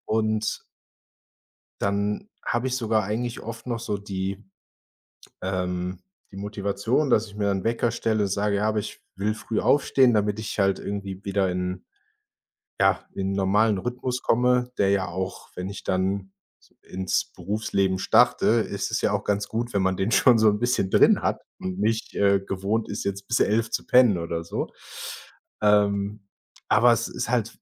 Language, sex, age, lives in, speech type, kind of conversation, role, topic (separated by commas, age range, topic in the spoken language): German, male, 25-29, Germany, conversation, advice, user, Wie kann ich meinen ständig durcheinandergeratenen Schlafrhythmus wieder stabilisieren, damit meine Tagesenergie und Konzentration nicht mehr darunter leiden?